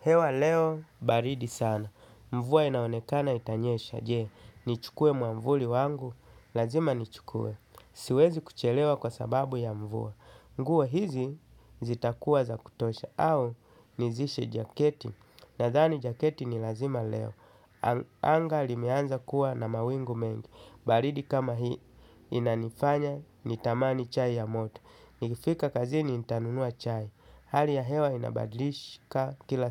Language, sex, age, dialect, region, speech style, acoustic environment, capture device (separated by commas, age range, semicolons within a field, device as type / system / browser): Swahili, male, 35-44, Kenya - Mombasa, Kenya, happy, nature, desktop / Linux / Chrome